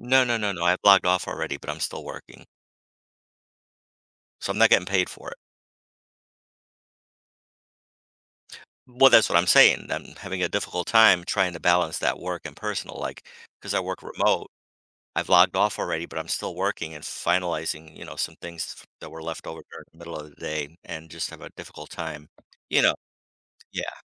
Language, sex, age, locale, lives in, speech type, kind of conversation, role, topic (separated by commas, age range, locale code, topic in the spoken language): English, male, 55-59, en-US, United States, conversation, advice, user, How can I set boundaries and manage my time so work doesn't take over my personal life?